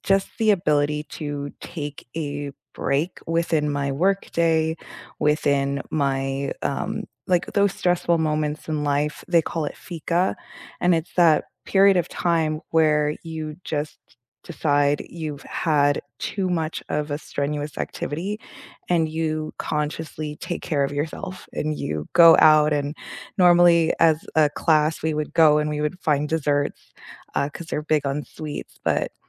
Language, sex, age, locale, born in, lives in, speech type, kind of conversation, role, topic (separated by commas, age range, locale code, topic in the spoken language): English, female, 30-34, en-US, United States, United States, conversation, unstructured, none, What small cultural habits have you picked up from other places?